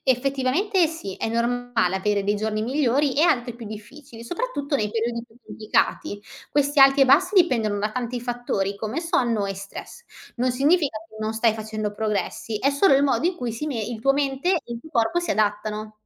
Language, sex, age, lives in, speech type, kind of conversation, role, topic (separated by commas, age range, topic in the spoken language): Italian, female, 25-29, Italy, read, expert-assistant, expert, Perché c’è spesso confusione tra stress, ansia e depressione? Come possiamo distinguerli meglio?